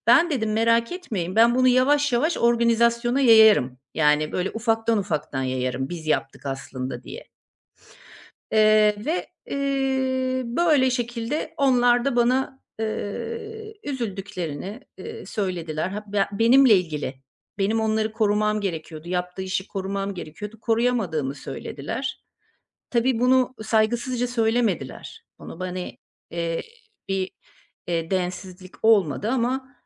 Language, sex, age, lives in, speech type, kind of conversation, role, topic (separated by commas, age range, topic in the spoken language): Turkish, female, 50-54, Portugal, conversation, advice, user, İş yerindeki başarılarımı nasıl etkili bir şekilde paylaşabilir ve belgeleyebilirim?